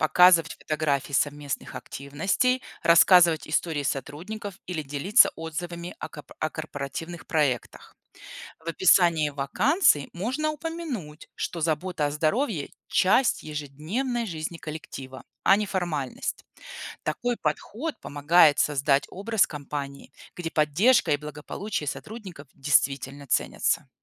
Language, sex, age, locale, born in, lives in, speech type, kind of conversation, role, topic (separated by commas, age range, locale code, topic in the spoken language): Russian, female, 40-44, ru-RU, Belarus, United States, read, expert-assistant, expert, Какими новыми способами можно вдохновлять людей заботиться о своём здоровье в современном мире?